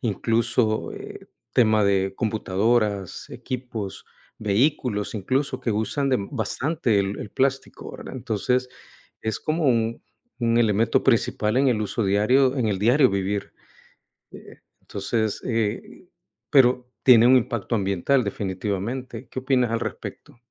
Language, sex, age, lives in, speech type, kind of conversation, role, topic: Spanish, male, 55-59, United States, conversation, unstructured, none, ¿Qué opinas sobre el uso excesivo de plásticos en nuestra vida diaria?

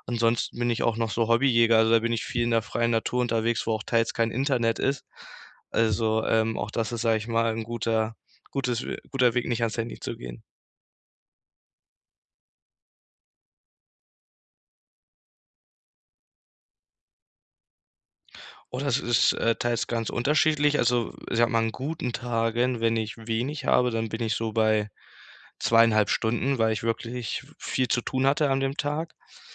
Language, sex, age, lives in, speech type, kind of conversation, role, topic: German, male, 18-19, Germany, conversation, advice, user, Warum kann ich mich beim Fernsehen oder am Handy nicht konzentrieren?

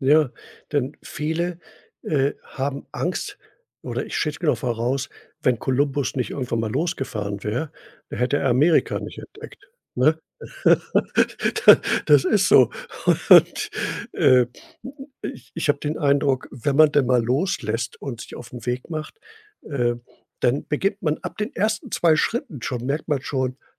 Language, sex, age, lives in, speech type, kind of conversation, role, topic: German, male, 65-69, Germany, conversation, podcast, host, Wie findest du heraus, in welche Richtung du wachsen möchtest?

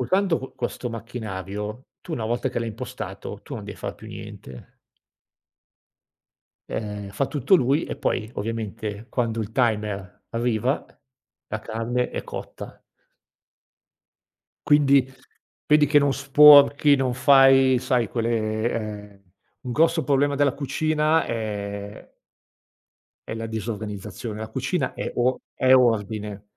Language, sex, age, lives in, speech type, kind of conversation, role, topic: Italian, male, 45-49, Italy, conversation, podcast, guest, Come organizzi una cena quando inviti persone a casa?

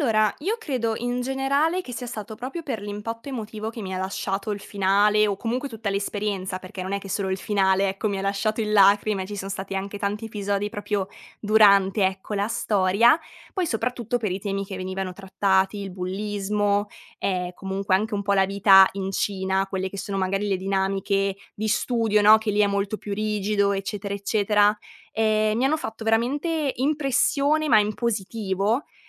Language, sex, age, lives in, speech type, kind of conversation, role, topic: Italian, female, 20-24, Italy, conversation, podcast, guest, Qual è il film che ti ha segnato di più e perché?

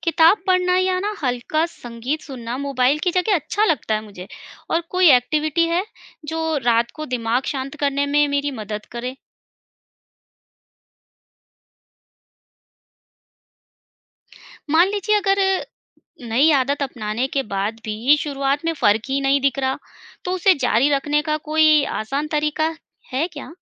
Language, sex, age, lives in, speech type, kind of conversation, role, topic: Hindi, female, 35-39, India, read, expert-assistant, asker, समुद्र की शांति से हम रोज़मर्रा की भागदौड़ में कैसे सुकून पा सकते हैं?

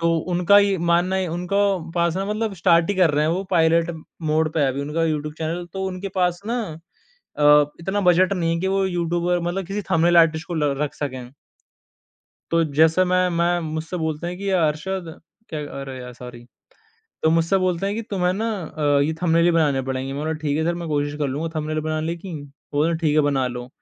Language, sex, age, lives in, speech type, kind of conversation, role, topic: Hindi, male, 20-24, India, conversation, podcast, guest, Agar tumhe apne younger self ko ek hi advice deni ho, toh tum kya bologe?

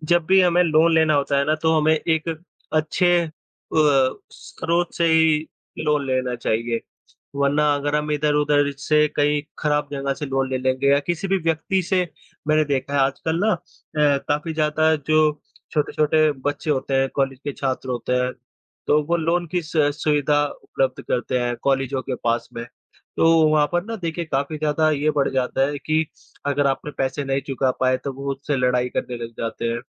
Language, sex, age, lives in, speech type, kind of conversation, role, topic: Hindi, female, 25-29, India, conversation, unstructured, none, कर्ज में डूबना आपको क्यों डराता है?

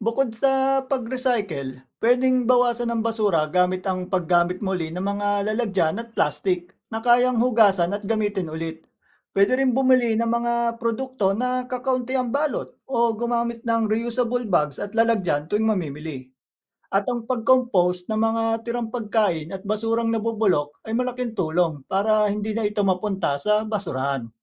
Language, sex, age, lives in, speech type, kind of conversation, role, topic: Filipino, male, 40-44, Philippines, read, expert-assistant, expert, Bakit mahalaga ang tamang pagtatapon ng basura?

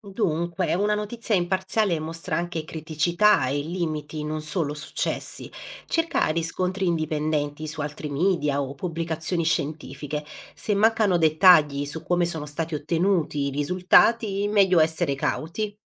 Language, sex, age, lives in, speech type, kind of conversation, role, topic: Italian, female, 30-34, Italy, read, expert-assistant, expert, Come posso capire se una notizia sulla salvaguardia delle lingue è affidabile?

